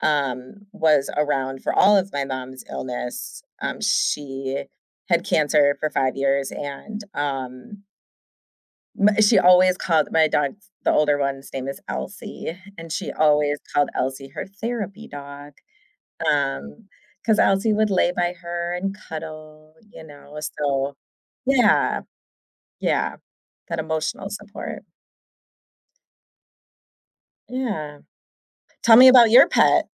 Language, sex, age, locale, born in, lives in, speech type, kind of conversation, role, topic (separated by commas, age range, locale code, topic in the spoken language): English, female, 35-39, en-US, United States, United States, conversation, unstructured, none, What makes pets such important companions in our lives?